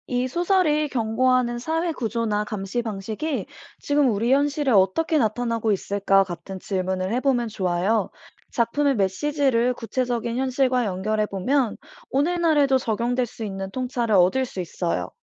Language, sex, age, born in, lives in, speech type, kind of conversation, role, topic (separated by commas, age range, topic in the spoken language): Korean, female, 25-29, South Korea, United States, read, expert-assistant, expert, 고전 문학을 독서모임에서 더 쉽게 즐기려면 어떻게 해야 하나요?